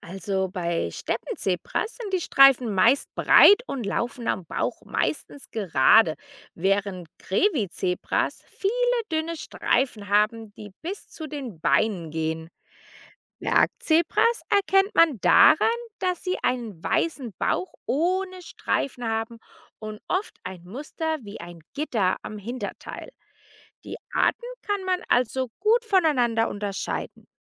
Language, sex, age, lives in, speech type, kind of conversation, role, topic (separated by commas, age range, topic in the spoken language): German, female, 35-39, United States, read, expert-assistant, expert, Warum haben Zebras Streifen?